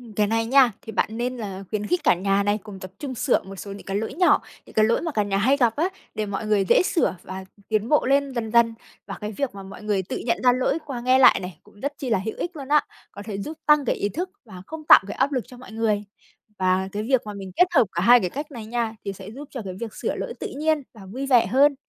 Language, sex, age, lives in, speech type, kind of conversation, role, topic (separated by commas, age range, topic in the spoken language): Vietnamese, female, 25-29, Vietnam, read, expert-assistant, expert, Nếu muốn duy trì tiếng của dân tộc mình trong gia đình, nên bắt đầu từ đâu và học như thế nào cho tự nhiên?